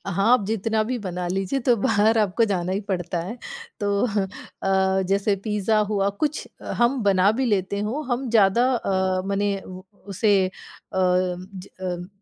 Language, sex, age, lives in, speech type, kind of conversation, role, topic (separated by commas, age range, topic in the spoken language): Hindi, female, 40-44, United States, conversation, podcast, guest, बजट में अच्छा खाना कैसे तैयार करते हो?